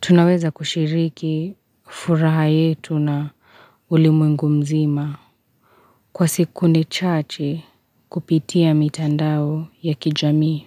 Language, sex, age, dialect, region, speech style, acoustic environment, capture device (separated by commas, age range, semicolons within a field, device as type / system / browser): Swahili, female, 25-34, Kenya - Nairobi Sheng-influenced, Kenya, tired; relaxed, nature; silence, mobile / Linux / Chrome